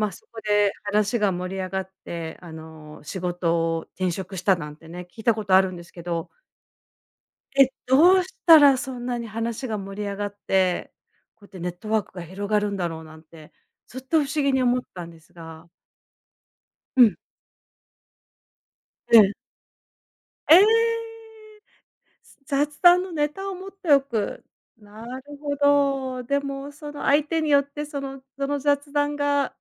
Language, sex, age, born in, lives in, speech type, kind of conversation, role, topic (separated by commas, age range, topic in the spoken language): Japanese, female, 50-54, Japan, United States, conversation, podcast, host, 趣味を活かして人とのつながりを広げるには、どうすればいいですか？